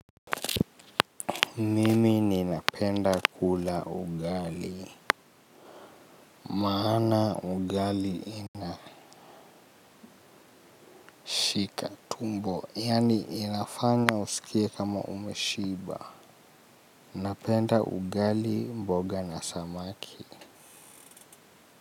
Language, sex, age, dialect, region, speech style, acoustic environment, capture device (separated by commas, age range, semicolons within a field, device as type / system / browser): Swahili, male, 25-34, Kenya - Nairobi Sheng-influenced, Kenya, relaxed, nature, mobile / Linux / Chrome